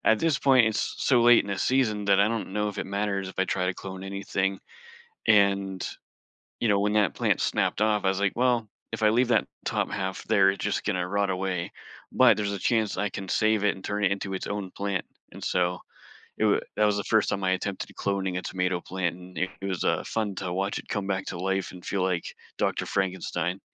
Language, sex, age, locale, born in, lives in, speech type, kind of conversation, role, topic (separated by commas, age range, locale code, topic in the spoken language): English, male, 40-44, en-US, United States, United States, conversation, podcast, guest, How do hobbies help you relax?